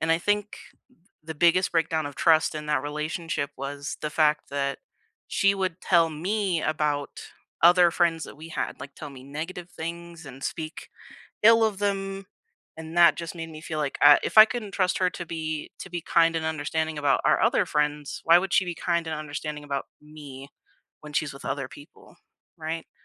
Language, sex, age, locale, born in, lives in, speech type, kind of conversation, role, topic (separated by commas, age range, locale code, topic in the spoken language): English, female, 20-24, en-US, United States, United States, conversation, unstructured, none, What builds trust for you in any relationship?